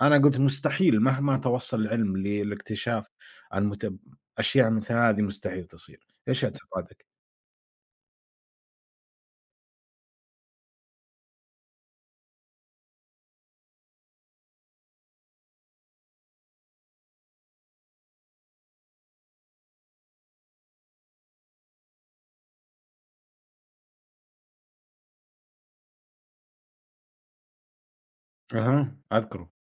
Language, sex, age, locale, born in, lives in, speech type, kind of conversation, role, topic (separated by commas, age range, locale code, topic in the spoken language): Arabic, male, 25-29, ar-SA, Saudi Arabia, United States, conversation, unstructured, none, هل بتحس إن السوشيال ميديا بتزوّد الإحساس بالوحدة؟